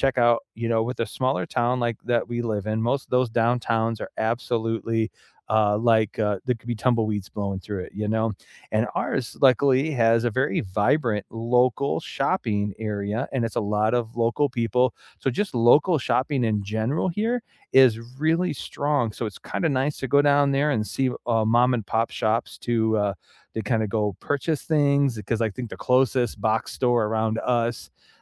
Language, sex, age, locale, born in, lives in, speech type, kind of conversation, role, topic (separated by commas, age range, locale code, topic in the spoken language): English, male, 45-49, en-US, United States, United States, conversation, unstructured, none, What local spots do you recommend to friends who are visiting?